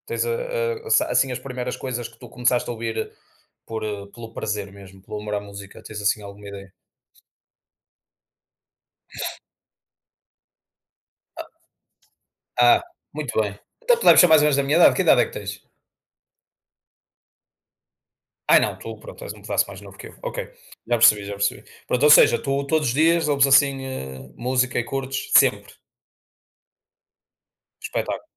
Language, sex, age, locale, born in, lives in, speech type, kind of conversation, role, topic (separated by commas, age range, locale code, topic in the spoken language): Portuguese, male, 30-34, pt-PT, Portugal, Portugal, conversation, unstructured, none, Como a música influencia o seu dia a dia?